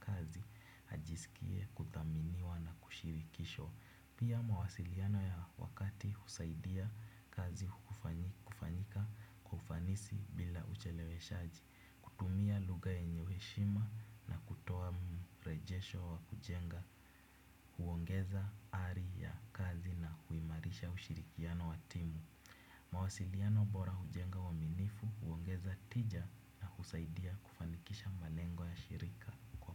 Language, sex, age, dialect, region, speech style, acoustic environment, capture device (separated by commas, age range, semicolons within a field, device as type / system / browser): Swahili, male, 18-24, Kenya - Nairobi Sheng-influenced, Kenya, happy, nature, desktop / Windows / Chrome